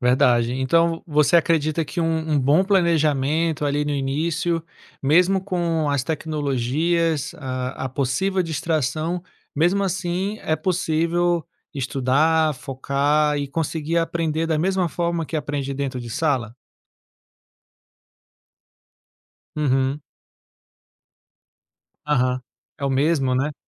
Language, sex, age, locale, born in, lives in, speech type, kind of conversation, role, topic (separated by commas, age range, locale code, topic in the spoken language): Portuguese, male, 35-39, pt-BR, Brazil, France, conversation, podcast, host, Como a tecnologia mudou seu jeito de aprender?